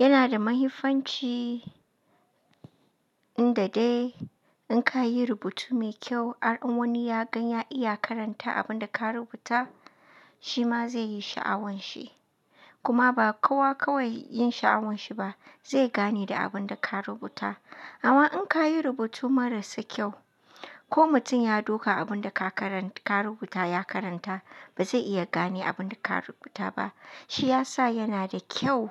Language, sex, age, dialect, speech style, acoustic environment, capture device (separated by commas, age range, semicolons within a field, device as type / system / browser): Hausa, female, 25-34, Nigeria - Katsina, happy; relaxed, nature, mobile / Linux / Chrome